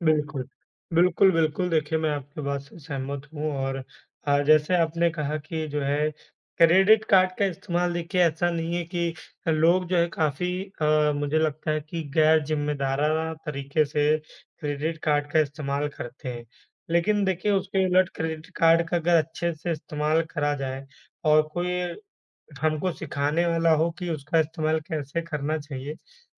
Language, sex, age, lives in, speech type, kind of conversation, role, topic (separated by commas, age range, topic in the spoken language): Hindi, male, 25-29, India, conversation, unstructured, none, बच्चों को पैसे की समझ कब और कैसे देनी चाहिए?